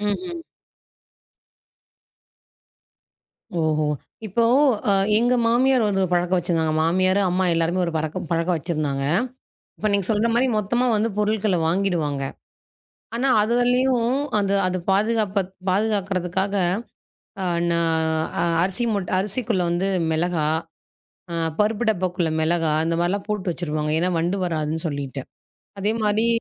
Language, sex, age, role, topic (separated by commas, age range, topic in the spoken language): Tamil, female, 35-39, host, நீங்கள் சமையலுக்குத் தேவையான பொருட்களை பொதுவாக எங்கே வாங்குகிறீர்கள்?